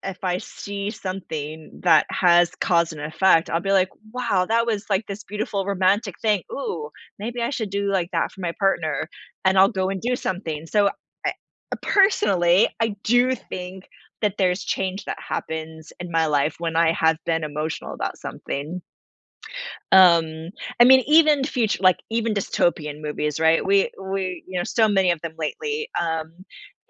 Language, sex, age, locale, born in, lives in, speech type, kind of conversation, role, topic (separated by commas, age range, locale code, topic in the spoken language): English, female, 40-44, en-US, United States, United States, conversation, podcast, guest, In what ways do movies influence how we see ourselves and motivate us to grow?